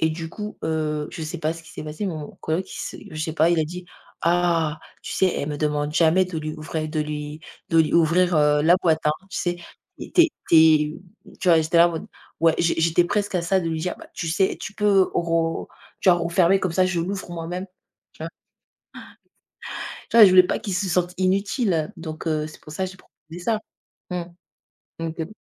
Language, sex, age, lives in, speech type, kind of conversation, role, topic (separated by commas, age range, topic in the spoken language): French, female, 20-24, France, conversation, unstructured, none, Pensez-vous que demander de l’aide est un signe de faiblesse ?